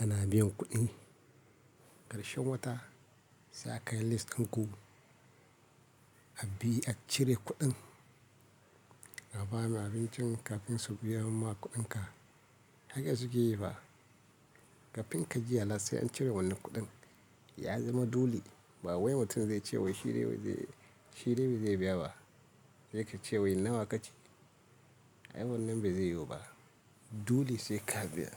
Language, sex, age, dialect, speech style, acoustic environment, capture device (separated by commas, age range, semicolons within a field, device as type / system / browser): Hausa, male, 25-34, Nigeria - Kano, happy, silence, mobile / Linux / Chrome